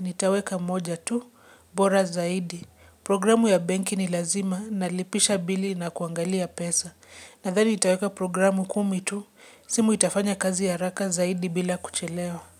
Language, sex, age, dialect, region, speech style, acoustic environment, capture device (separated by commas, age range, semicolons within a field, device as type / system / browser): Swahili, female, 25-34, Kenya - Nairobi Sheng-influenced, Kenya, relaxed; focused; excited, nature; silence; people talking, mobile / Linux / Chrome